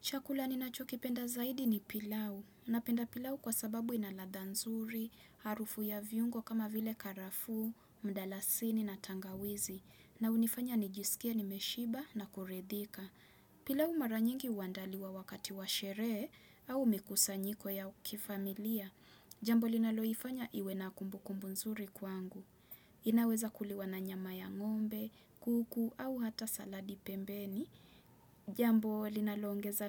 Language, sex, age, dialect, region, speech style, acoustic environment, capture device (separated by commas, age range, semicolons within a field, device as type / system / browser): Swahili, female, 25-34, Kenya - Mombasa, Kenya, relaxed, nature; silence, desktop / Windows / Chrome